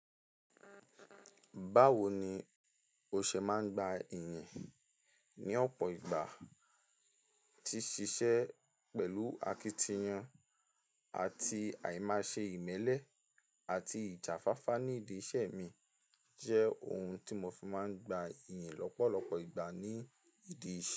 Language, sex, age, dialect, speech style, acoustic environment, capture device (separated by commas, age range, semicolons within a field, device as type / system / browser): Yoruba, male, 18-24, Nigeria - Ibadan, relaxed; stressed, silence; nature; appliances, mobile / Linux / Chrome